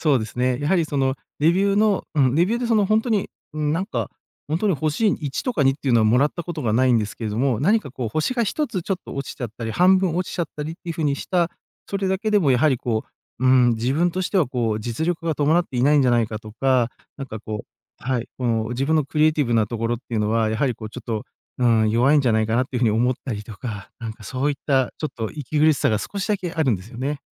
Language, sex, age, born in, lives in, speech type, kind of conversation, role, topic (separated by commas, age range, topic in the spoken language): Japanese, male, 40-44, Japan, Japan, conversation, advice, user, 仕事の評価で自分の価値が決まってしまう気がしてつらいとき、どうしたらいいですか？